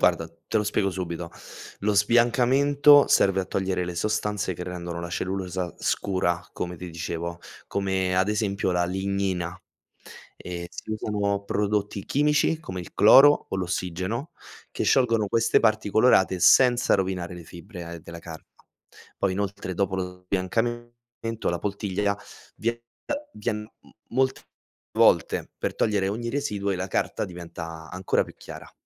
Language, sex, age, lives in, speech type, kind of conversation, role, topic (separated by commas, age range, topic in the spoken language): Italian, male, 25-29, Italy, read, expert-assistant, expert, Come si produce la carta a partire dagli alberi?